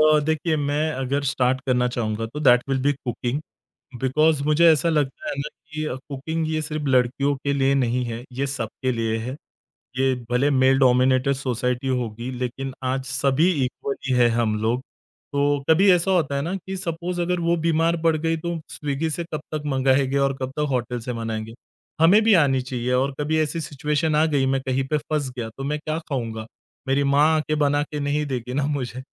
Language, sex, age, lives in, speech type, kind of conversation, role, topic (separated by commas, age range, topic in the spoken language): Hindi, male, 30-34, India, conversation, podcast, guest, Tumhe kaunse shauk sach mein time well spent lagte hain?